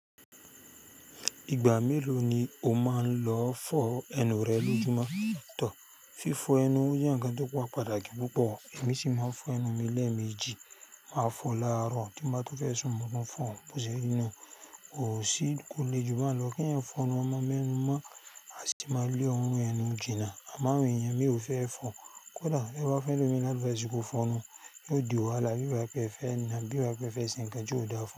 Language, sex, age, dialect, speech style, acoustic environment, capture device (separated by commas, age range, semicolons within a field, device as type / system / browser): Yoruba, male, 35-44, Nigeria - Lagos Yoruba, excited, nature, mobile / Linux / Chrome